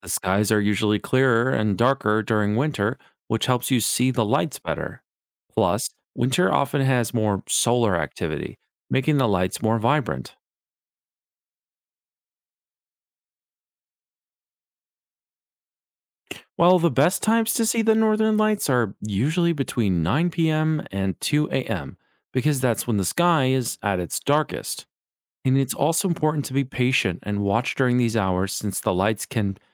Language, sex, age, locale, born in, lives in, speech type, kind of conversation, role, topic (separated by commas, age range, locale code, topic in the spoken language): English, male, 25-29, en-US, United States, United States, read, expert-assistant, expert, What causes the Northern Lights and where can they be seen?